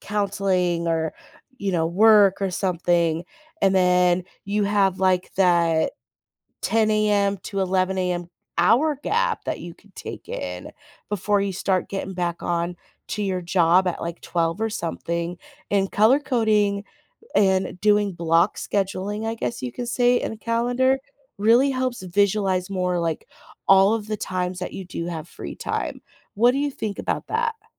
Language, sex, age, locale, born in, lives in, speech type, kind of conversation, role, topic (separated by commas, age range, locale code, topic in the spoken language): English, female, 30-34, en-US, United States, United States, conversation, advice, advisor, How can I manage daily responsibilities without getting overwhelmed?